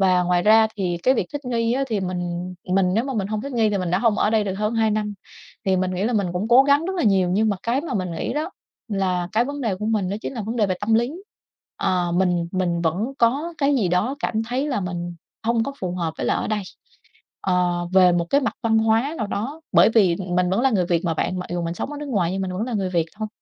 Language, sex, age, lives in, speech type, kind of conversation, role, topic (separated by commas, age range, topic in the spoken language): Vietnamese, female, 30-34, Vietnam, conversation, advice, user, Làm thế nào để bạn thích nghi với phong tục và lối sống mới khi cảm thấy khó hòa nhập?